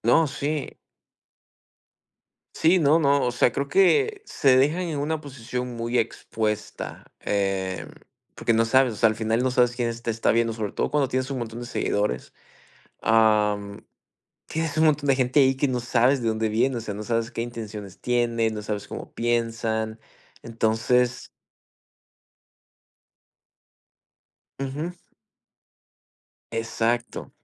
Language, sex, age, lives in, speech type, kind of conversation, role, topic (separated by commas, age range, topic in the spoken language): Spanish, male, 20-24, United States, conversation, unstructured, none, ¿Cómo crees que la tecnología afecta nuestra privacidad diaria?